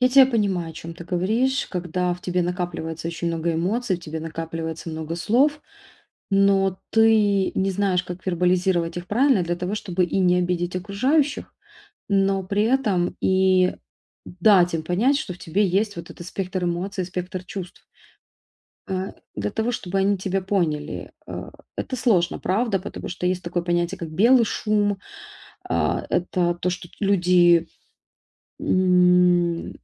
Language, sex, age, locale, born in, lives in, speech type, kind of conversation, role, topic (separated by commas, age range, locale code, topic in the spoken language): Russian, female, 35-39, ru-RU, Ukraine, Italy, conversation, advice, advisor, Как мне лучше проговаривать свои чувства, когда я запутан или расстроен?